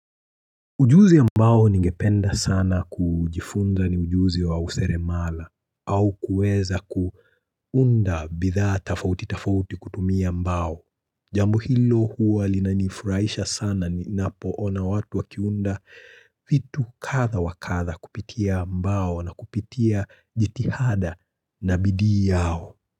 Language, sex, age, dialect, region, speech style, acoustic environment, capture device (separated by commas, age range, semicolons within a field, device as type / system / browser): Swahili, male, 25-34, Kenya - Nairobi Sheng-influenced, Kenya, anxious, silence, mobile / Linux / Chrome